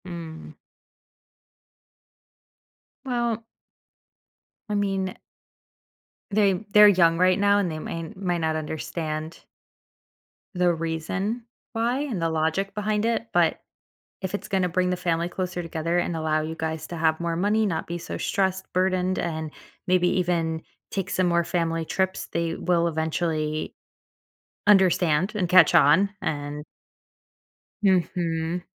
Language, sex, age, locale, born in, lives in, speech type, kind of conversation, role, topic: English, female, 30-34, en-US, United States, United States, conversation, advice, advisor, How do I settle in and build a social life after moving to a new city?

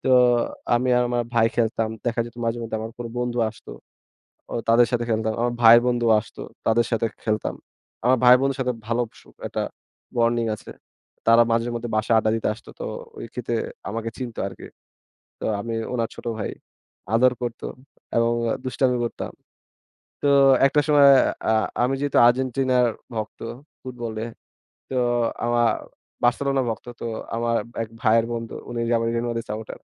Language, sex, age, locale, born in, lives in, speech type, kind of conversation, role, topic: Bengali, male, 20-24, bn-BD, Bangladesh, Bangladesh, conversation, podcast, guest, টানা কাজের দিনের মধ্যেও শখের জন্য আপনি কীভাবে সময় বের করেন?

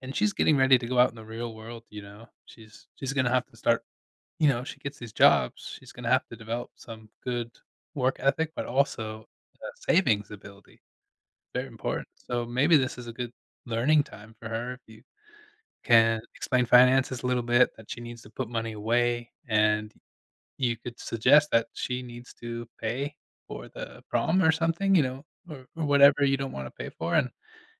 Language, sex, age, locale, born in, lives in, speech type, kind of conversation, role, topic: English, male, 35-39, en-US, United States, United States, conversation, advice, advisor, How can I rest effectively and recover when I'm feeling burned out?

